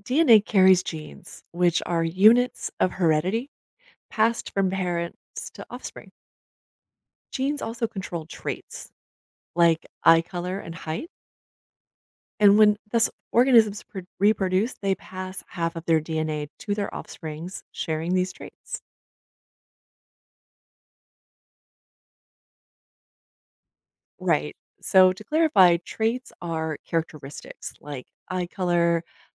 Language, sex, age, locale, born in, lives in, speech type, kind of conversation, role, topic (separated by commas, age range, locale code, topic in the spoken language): English, female, 45-49, en-US, United States, United States, read, expert-assistant, expert, How does the structure of DNA relate to heredity?